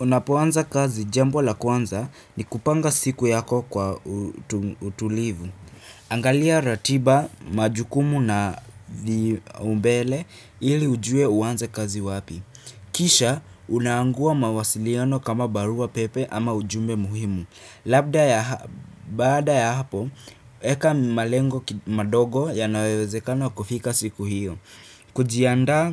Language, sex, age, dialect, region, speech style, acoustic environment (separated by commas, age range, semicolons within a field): Swahili, female, 25-34, Kenya - Nairobi Sheng-influenced, Kenya, happy; relaxed, nature; silence